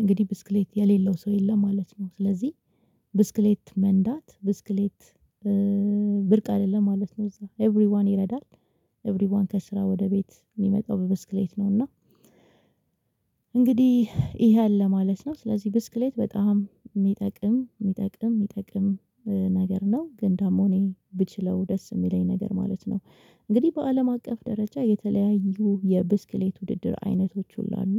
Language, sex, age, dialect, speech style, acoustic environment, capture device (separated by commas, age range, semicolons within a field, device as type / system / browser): Amharic, female, 25-34, Ethiopia - Addis Ababa, relaxed, silence, mobile / Linux / Chrome